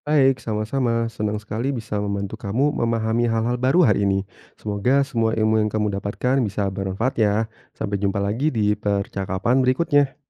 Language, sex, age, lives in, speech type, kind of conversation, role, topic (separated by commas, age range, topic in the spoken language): Indonesian, male, 30-34, Indonesia, read, expert-assistant, expert, Bagaimana tanaman bisa menghasilkan oksigen?